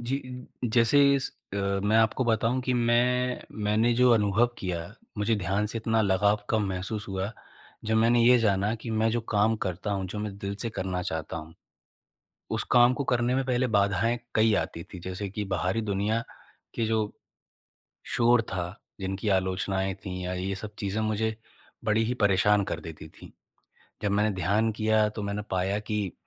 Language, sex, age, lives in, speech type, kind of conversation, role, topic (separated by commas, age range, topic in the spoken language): Hindi, male, 25-29, India, conversation, advice, user, मेरी रोज़ ध्यान करने की आदत क्यों नहीं टिकती है?